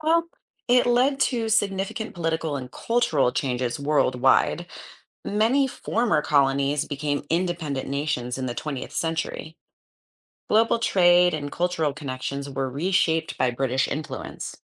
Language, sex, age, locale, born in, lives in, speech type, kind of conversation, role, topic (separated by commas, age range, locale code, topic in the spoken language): English, female, 35-39, en-US, United States, United States, read, expert-assistant, expert, What was the role of the British Empire in global colonization?